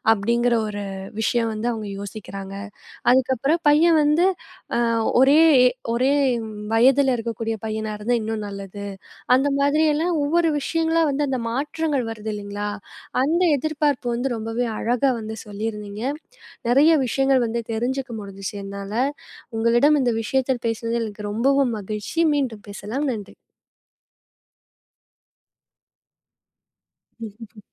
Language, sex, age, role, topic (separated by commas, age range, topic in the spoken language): Tamil, female, 20-24, host, திருமணம் குறித்து உங்கள் குடும்பம் என்ன எதிர்பார்க்கிறது?